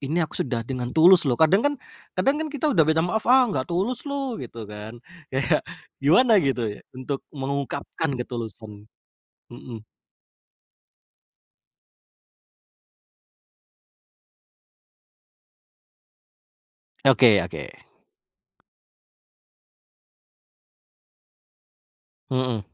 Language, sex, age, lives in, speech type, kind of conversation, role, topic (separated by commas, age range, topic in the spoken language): Indonesian, male, 25-29, Indonesia, conversation, podcast, host, Bagaimana cara mengucapkan maaf yang menurutmu terasa tulus?